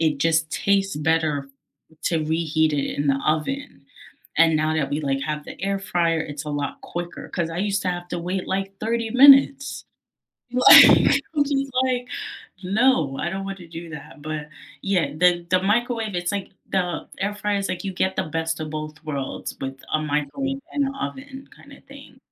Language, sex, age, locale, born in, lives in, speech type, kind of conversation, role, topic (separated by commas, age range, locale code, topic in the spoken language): English, female, 25-29, en-US, United States, United States, conversation, unstructured, none, What is the one gadget you cannot live without this year?